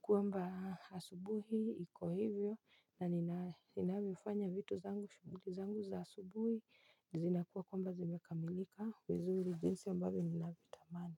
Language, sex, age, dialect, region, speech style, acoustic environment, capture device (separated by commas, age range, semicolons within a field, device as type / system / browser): Swahili, female, 25-34, Kenya - Nairobi Sheng-influenced, Kenya, happy; focused, silence, mobile / Linux / Chrome